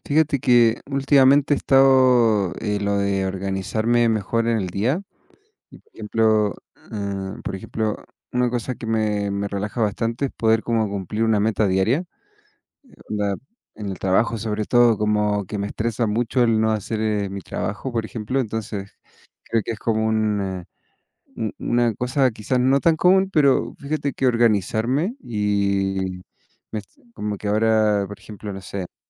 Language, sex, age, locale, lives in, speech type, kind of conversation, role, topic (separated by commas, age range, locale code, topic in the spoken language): Spanish, male, 30-34, es-CL, Mexico, conversation, unstructured, none, ¿Cómo te motivas cuando te sientes desanimado?